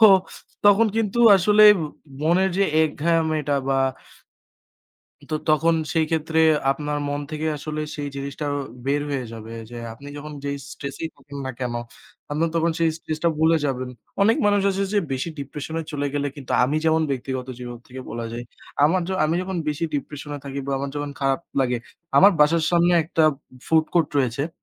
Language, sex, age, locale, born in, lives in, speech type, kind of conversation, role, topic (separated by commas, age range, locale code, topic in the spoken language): Bengali, male, 20-24, bn-BD, Bangladesh, Bangladesh, conversation, unstructured, none, দৈনন্দিন খাবারে একঘেয়েমি কেন আপনার বিরক্তি বাড়ায়?